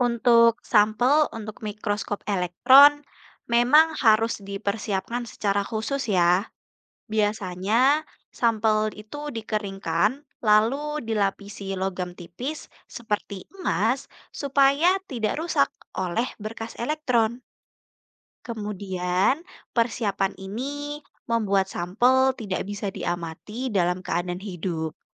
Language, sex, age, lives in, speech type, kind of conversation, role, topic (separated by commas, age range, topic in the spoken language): Indonesian, female, 20-24, Indonesia, read, expert-assistant, expert, Bagaimana cara kerja mikroskop dalam memperbesar benda kecil?